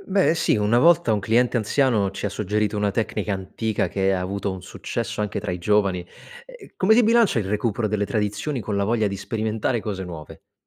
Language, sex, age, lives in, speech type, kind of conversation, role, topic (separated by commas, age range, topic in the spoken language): Italian, male, 30-34, Italy, read, expert-assistant, asker, Come si può mantenere viva una tradizione artigianale locale quando i giovani sembrano meno interessati?